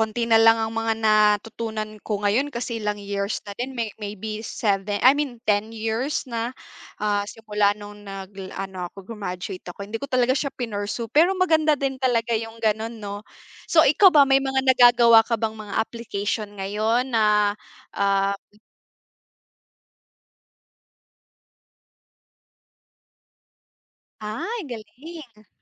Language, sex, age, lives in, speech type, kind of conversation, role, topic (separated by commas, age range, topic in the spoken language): Filipino, female, 25-29, Philippines, conversation, unstructured, none, May pangyayaring mula sa iyong pagkabata na hindi mo malilimutan?